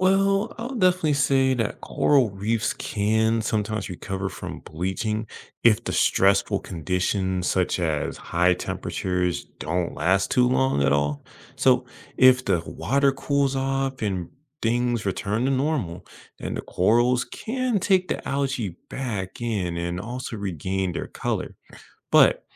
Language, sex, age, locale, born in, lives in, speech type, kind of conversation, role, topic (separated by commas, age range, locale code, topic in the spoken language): English, male, 25-29, en-US, United States, United States, read, expert-assistant, expert, Why do coral reefs sometimes turn white, and what causes coral bleaching?